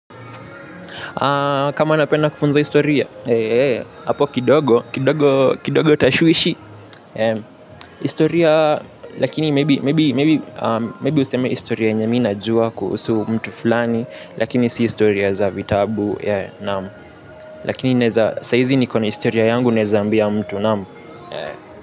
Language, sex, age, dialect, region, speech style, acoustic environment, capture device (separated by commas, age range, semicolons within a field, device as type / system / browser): Swahili, male, 18-24, Kenya - Nairobi Sheng-influenced, Kenya, happy; relaxed, silence, mobile / Linux / Chrome